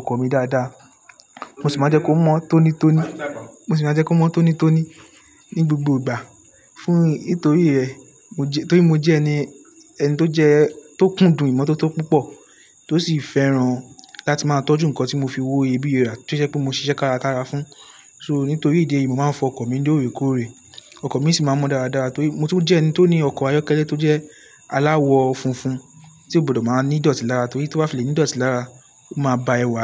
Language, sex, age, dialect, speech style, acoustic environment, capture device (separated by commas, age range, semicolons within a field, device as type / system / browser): Yoruba, male, 18-24, Nigeria - Lagos Yoruba, tired; focused, nature, mobile / Linux / Chrome